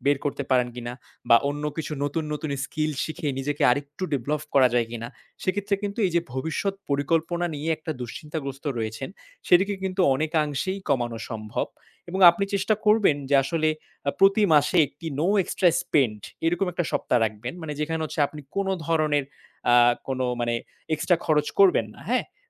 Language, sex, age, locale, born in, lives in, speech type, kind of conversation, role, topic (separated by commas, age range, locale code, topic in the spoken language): Bengali, male, 20-24, bn-BD, Bangladesh, Bangladesh, conversation, advice, advisor, অকস্মিক আর্থিক সমস্যার কারণে ভবিষ্যৎ পরিকল্পনা নিয়ে দুশ্চিন্তা হলে আমি কী করব?